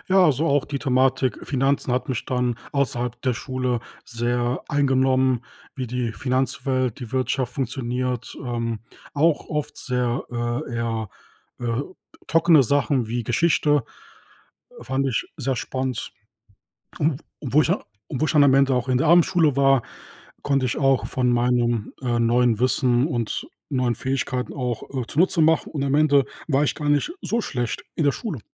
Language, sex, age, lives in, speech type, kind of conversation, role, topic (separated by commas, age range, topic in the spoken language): German, male, 30-34, Germany, conversation, podcast, guest, Was sollte die Schule deiner Meinung nach anders machen?